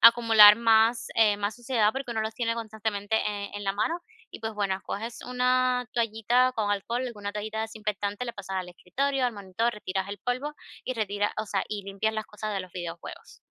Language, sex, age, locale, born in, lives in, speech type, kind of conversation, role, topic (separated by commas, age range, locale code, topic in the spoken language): Spanish, female, 25-29, es-CU, Cuba, United States, conversation, advice, advisor, ¿Cómo puedo crear rutinas sencillas para mantener mi casa ordenada?